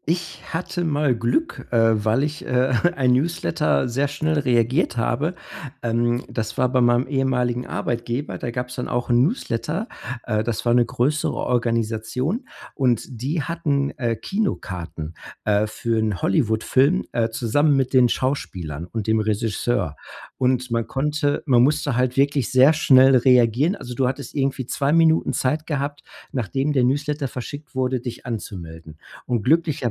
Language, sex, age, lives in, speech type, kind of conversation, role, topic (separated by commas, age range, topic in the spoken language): German, male, 45-49, United States, conversation, podcast, host, Wie gehst du mit der Newsletterflut in deinem Postfach um?